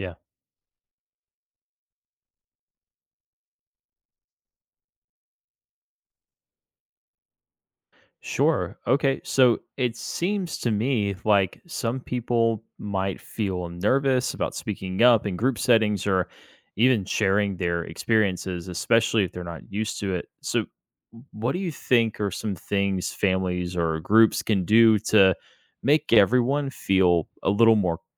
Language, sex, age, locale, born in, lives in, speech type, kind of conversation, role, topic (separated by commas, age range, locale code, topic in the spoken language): English, male, 25-29, en-US, United States, United States, read, expert-assistant, asker, What are the benefits of learning from elders?